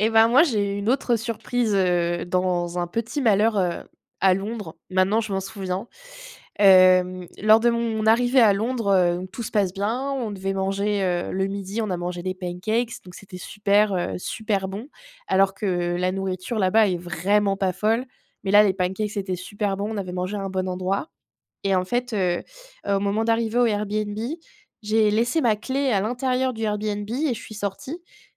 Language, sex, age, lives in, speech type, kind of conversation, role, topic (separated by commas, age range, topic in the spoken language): French, female, 25-29, France, conversation, unstructured, none, Quelle est la meilleure surprise que tu aies eue en voyage ?